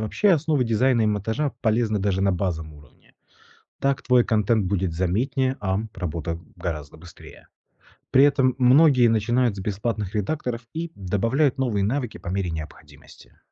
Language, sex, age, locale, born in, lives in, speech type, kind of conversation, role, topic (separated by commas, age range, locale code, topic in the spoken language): Russian, male, 35-39, ru-RU, Russia, Italy, read, expert-assistant, expert, Какие навыки стоит развивать, чтобы быть успешным маркетологом сегодня?